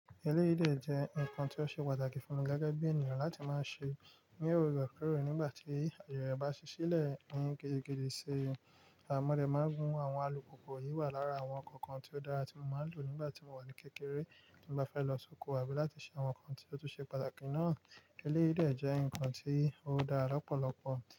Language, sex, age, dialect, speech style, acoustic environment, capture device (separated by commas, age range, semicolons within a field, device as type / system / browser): Yoruba, male, 25-34, Nigeria - Nigerian Standard, excited, nature, mobile / Linux / Chrome